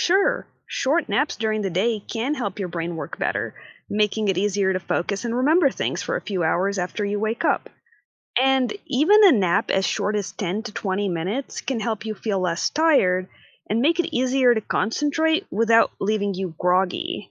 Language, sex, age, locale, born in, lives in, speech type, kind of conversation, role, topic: English, female, 25-29, en-US, United States, United States, read, expert-assistant, expert, What are the effects of sleep on memory and concentration?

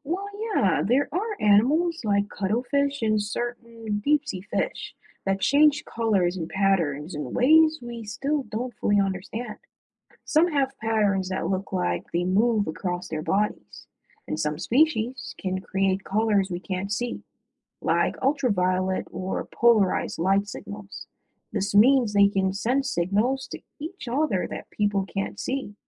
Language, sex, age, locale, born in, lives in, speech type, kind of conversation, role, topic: English, male, 25-29, en-US, Jamaica, United States, read, expert-assistant, expert, Why do some animals change color to blend in?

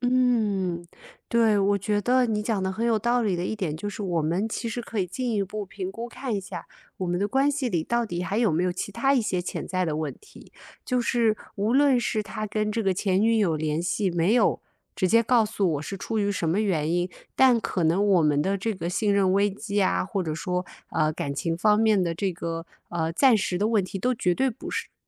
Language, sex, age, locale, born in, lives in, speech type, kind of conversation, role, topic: Chinese, female, 30-34, zh-CN, China, United States, conversation, advice, user, 我该选择离婚，还是努力修复这段关系？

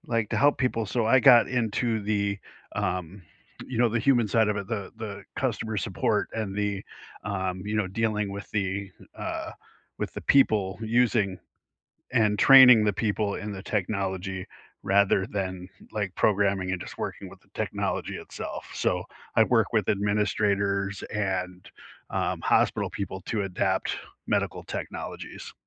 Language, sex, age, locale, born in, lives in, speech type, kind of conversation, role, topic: English, male, 50-54, en-US, United States, United States, conversation, unstructured, none, How did you choose your current career path?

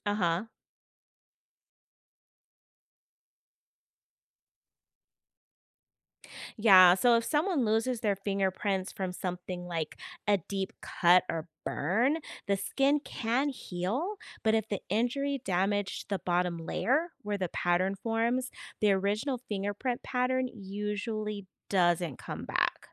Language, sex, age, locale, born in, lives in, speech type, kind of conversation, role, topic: English, female, 40-44, en-US, United States, United States, read, expert-assistant, expert, Why do we have fingerprints?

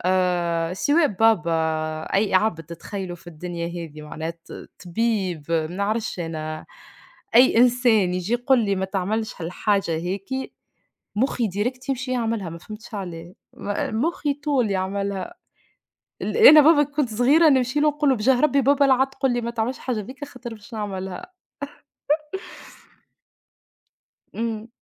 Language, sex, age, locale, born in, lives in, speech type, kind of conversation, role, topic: Arabic, female, 25-29, ar-TN, Tunisia, Portugal, conversation, unstructured, none, إيه دور العيلة في تشكيل شخصيتنا؟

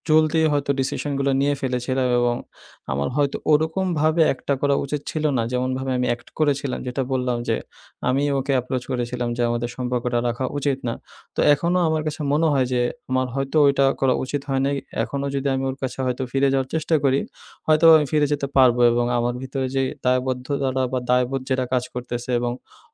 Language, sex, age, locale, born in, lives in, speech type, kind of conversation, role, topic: Bengali, male, 20-24, bn-BD, Bangladesh, Bangladesh, conversation, advice, user, ব্রেকআপের পরে দায়বোধ ও অপরাধবোধ নিয়ে আপনার লড়াই কীভাবে চলছে?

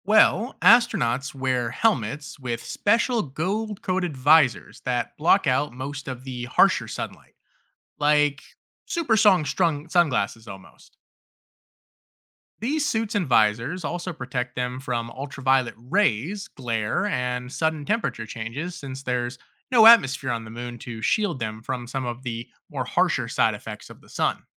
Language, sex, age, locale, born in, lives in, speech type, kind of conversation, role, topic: English, male, 30-34, en-US, United States, United States, read, expert-assistant, expert, What makes the sky appear blue during the day?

